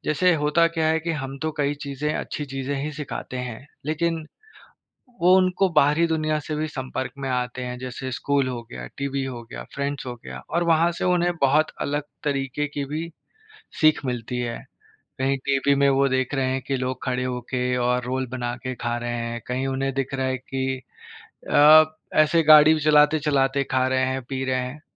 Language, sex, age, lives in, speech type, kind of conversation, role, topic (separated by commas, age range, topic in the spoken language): Hindi, male, 30-34, India, conversation, podcast, host, Bachchon ko traditions sikhaane ka aapka ya aapki community ka tareeqa kya hai?